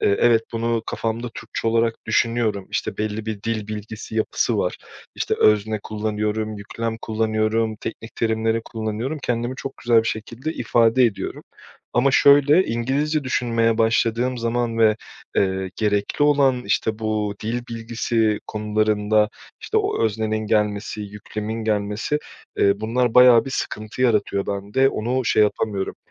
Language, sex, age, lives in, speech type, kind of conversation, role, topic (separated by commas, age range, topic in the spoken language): Turkish, male, 30-34, Portugal, conversation, advice, user, İş ağımı genişletmek için etkinliklere katılma konusunda neden çekingen hissediyorum?